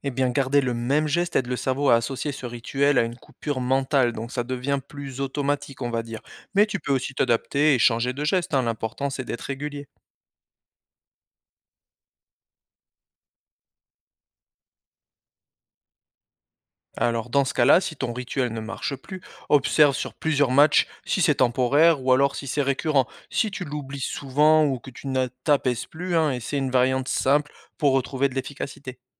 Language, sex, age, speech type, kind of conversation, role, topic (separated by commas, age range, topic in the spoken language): French, male, 35-39, read, expert-assistant, expert, Pourquoi ai-je du mal à progresser dans ma discipline sportive préférée ?